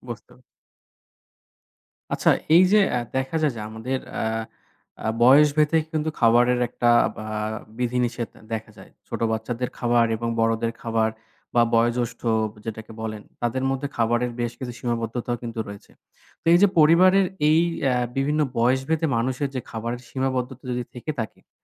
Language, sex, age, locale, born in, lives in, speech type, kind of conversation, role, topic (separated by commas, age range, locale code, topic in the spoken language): Bengali, male, 25-29, bn-BD, Bangladesh, Bangladesh, conversation, podcast, host, আপনি কীভাবে বাড়িতে স্বাস্থ্যকর খাবার রান্না সহজ করে তোলেন?